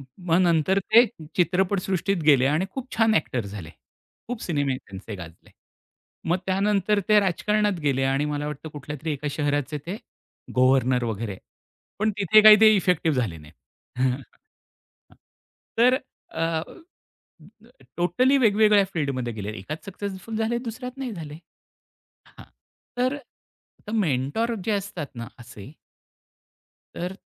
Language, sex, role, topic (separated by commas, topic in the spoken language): Marathi, male, guest, मेंटॉरकडून तुम्ही कोणत्या अपेक्षा ठेवता?